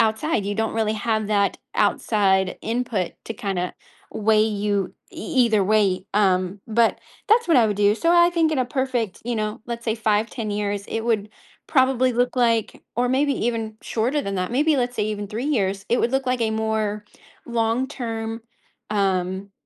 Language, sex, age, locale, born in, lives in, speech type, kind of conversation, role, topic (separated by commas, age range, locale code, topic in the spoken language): English, female, 30-34, en-US, United States, United States, conversation, advice, user, How can I find more meaning in my daily life?